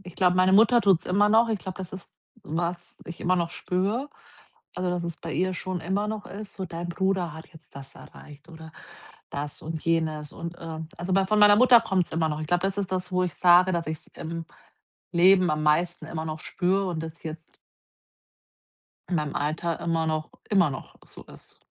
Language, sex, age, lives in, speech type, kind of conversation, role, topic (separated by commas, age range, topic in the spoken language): German, female, 40-44, Germany, conversation, advice, user, Wie kann ich aufhören, mich mit anderen zu vergleichen und meine Selbstakzeptanz stärken?